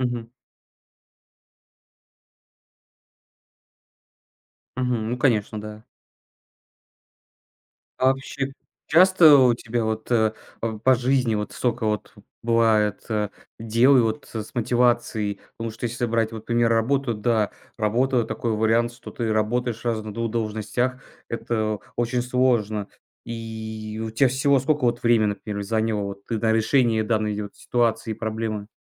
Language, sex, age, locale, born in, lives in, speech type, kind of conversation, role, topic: Russian, male, 20-24, ru-RU, Russia, Estonia, conversation, podcast, host, Как сохранять мотивацию, когда кажется, что всё против тебя?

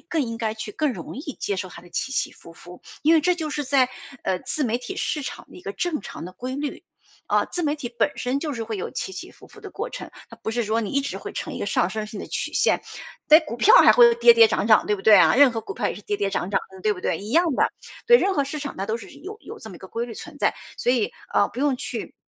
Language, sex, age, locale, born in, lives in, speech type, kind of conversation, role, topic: Chinese, female, 45-49, zh-CN, China, United States, conversation, advice, advisor, 我該如何降低對作品的完美期待，並開始動手做呢？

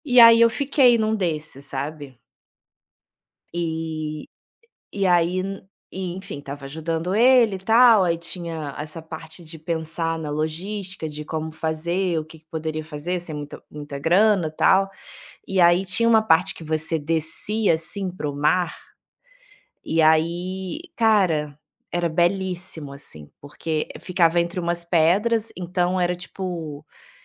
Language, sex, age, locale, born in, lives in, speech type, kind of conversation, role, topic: Portuguese, female, 35-39, pt-BR, Brazil, Italy, conversation, podcast, guest, Como o mar já mudou seu jeito de pensar sobre a vida?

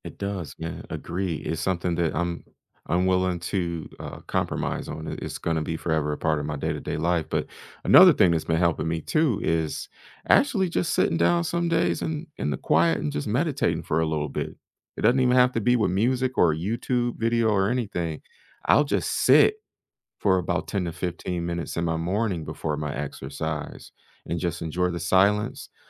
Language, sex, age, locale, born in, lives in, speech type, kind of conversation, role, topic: English, male, 35-39, en-US, United States, United States, conversation, unstructured, none, What hobby taught you patience, and how did it change you?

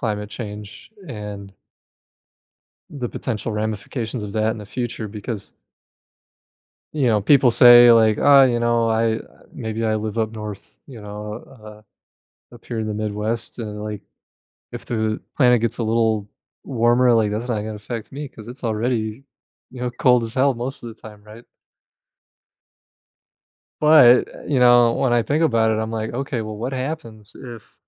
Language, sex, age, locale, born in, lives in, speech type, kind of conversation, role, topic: English, male, 35-39, en-US, United States, United States, conversation, podcast, guest, In what ways do our daily habits shape the future of the environment?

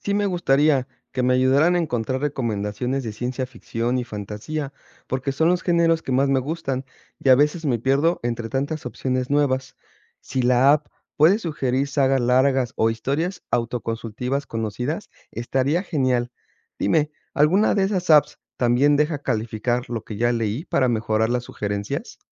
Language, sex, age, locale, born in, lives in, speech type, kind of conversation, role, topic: Spanish, male, 45-49, es-MX, Mexico, Mexico, read, expert-assistant, asker, ¿Coleccionar cómics impresos ofrece más ventajas que coleccionarlos en formato digital?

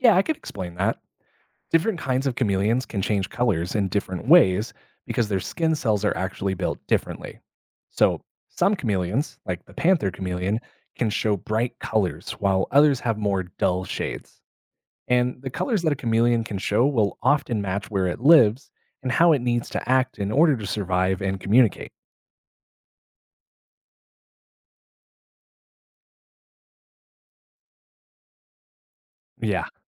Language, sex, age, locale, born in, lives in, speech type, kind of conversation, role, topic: English, male, 30-34, en-US, United States, United States, read, expert-assistant, expert, How do chameleons change their skin color?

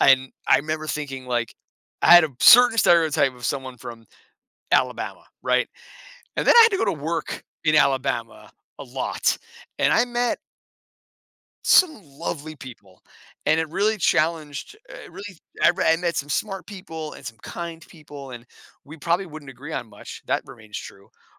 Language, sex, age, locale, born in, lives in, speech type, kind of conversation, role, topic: English, male, 40-44, en-US, United States, United States, conversation, podcast, guest, In what ways can exploring new places shape the way we see ourselves and the world?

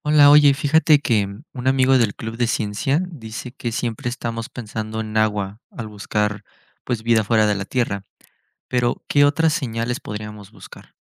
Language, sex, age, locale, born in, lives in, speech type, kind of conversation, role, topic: Spanish, male, 20-24, es-MX, Mexico, Mexico, read, expert-assistant, asker, ¿Qué formas creativas de detectar vida extraterrestre podríamos desarrollar más allá de buscar agua en otros planetas?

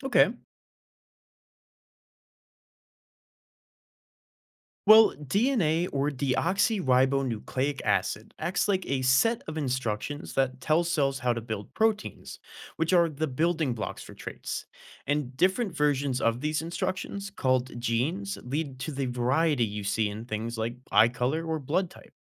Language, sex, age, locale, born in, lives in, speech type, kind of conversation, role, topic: English, male, 20-24, en-US, United States, United States, read, expert-assistant, expert, How does DNA determine traits in living things?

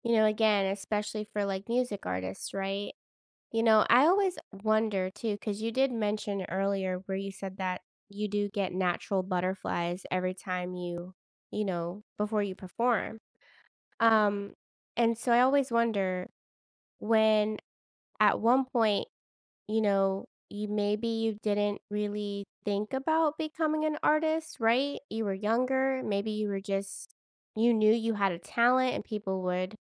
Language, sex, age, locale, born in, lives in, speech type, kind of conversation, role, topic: English, female, 30-34, en-US, United States, United States, conversation, podcast, host, What experiences have helped you build confidence and move past self-doubt?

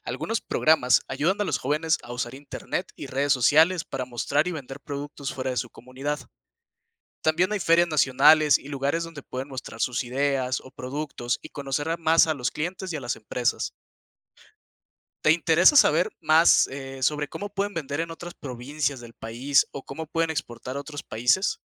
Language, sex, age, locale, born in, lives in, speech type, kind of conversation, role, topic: Spanish, male, 20-24, es-MX, Mexico, Mexico, read, expert-assistant, expert, Describe el impacto del turismo en la economía de una región.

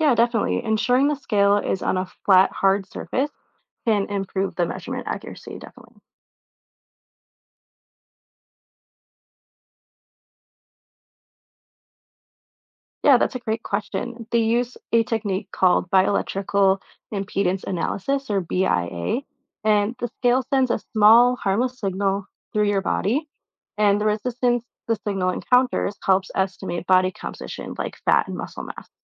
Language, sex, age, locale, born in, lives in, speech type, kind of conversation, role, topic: English, female, 25-29, en-US, United States, United States, read, expert-assistant, expert, How do smart scales measure weight?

